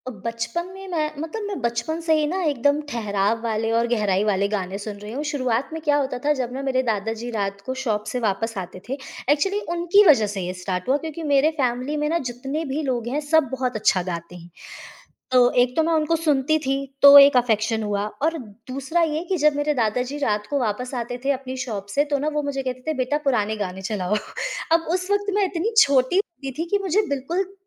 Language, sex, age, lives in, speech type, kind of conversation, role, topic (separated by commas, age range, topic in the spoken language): Hindi, female, 25-29, India, conversation, podcast, guest, किस मौके पर आपके साथ कौन-सा गाना जुड़ गया था?